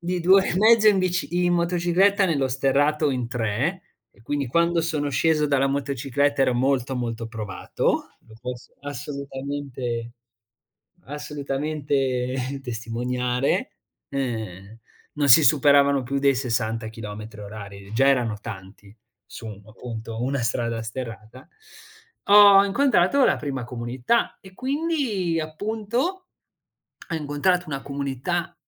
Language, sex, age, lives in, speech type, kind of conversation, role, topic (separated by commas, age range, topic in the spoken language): Italian, male, 20-24, Italy, conversation, podcast, guest, Puoi raccontarmi di un incontro inaspettato con la gente del posto?